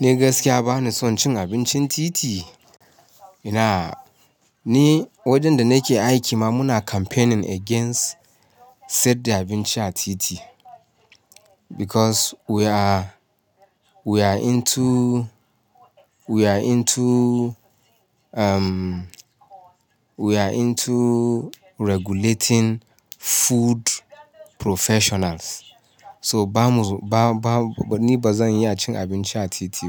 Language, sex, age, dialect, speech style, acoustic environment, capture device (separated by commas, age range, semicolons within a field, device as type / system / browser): Hausa, male, 35-44, Nigeria - Ibadan, focused; happy, appliances, mobile / Linux / Chrome